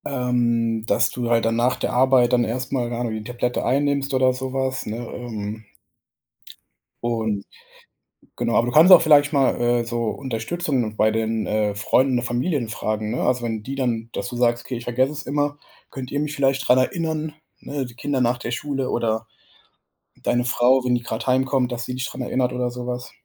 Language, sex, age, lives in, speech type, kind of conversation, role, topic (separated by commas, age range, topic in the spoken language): German, male, 25-29, Germany, conversation, advice, advisor, Wie kann ich verhindern, dass ich wegen Alltagsstress regelmäßig die Einnahme von Medikamenten oder Vitaminen vergesse?